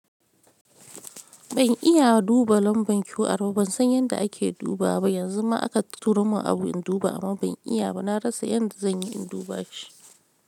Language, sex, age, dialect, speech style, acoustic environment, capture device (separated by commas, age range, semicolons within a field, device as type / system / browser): Hausa, female, 35-44, Nigeria - Lagos Yoruba, happy, silence, mobile / Linux / Chrome